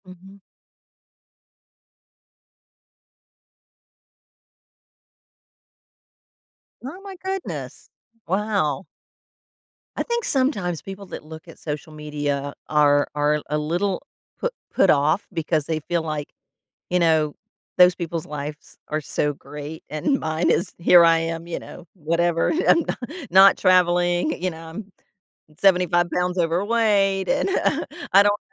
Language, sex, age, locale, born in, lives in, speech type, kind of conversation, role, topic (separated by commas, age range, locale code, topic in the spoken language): English, female, 55-59, en-US, United States, United States, conversation, advice, advisor, How can I celebrate and use my recent achievement to build confidence?